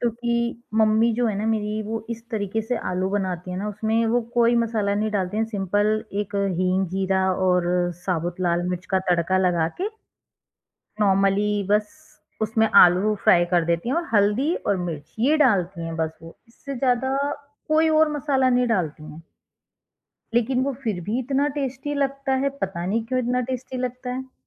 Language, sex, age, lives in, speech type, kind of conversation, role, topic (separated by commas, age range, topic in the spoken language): Hindi, female, 30-34, India, conversation, podcast, guest, Kya comfort food sirf taste ke liye hota hai, ya uske saath emotions bhi jud jaate hain?